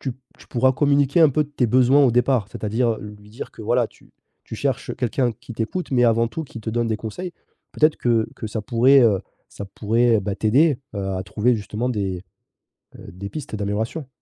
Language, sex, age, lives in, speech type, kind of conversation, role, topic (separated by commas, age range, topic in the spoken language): French, male, 30-34, France, conversation, advice, advisor, Comment puis-je gérer une jalousie ou une insécurité persistante ?